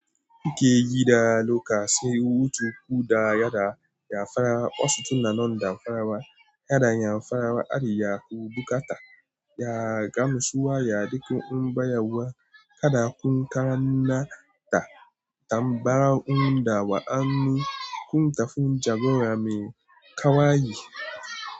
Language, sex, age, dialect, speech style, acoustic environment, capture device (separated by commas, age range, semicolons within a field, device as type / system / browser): Hausa, male, 18-24, Nigeria - Nigerian Standard, relaxed, silence, mobile / Android / Chrome